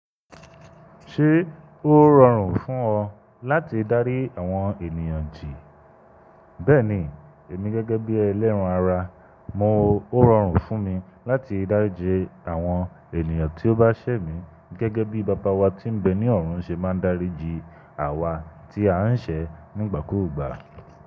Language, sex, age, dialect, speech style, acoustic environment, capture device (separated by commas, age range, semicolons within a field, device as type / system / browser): Yoruba, male, 25-34, Nigeria - Lagos, relaxed, nature, desktop / Linux / Chrome